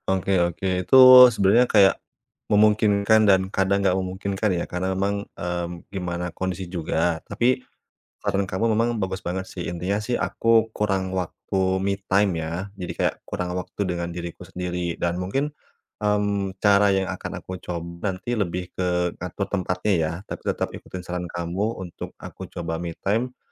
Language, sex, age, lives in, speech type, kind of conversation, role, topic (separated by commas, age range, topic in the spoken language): Indonesian, male, 30-34, Indonesia, conversation, advice, user, Bagaimana caranya tetap santai kalau sering terganggu di rumah?